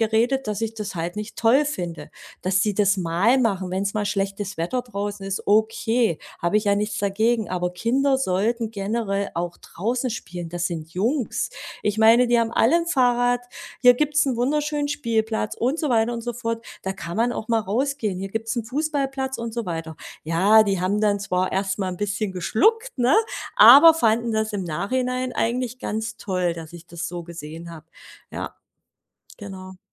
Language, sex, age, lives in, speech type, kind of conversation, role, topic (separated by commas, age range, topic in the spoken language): German, female, 40-44, Germany, conversation, podcast, guest, Wie können Eltern ihren Kindern Verantwortung beibringen?